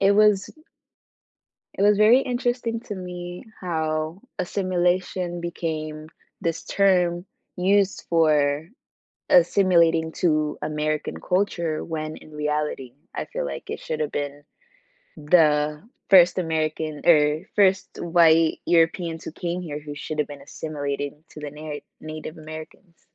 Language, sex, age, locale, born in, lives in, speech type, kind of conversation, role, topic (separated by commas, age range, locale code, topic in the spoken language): English, female, 18-19, en-US, United States, United States, conversation, unstructured, none, Would you rather change one decision in your life or influence one major world event?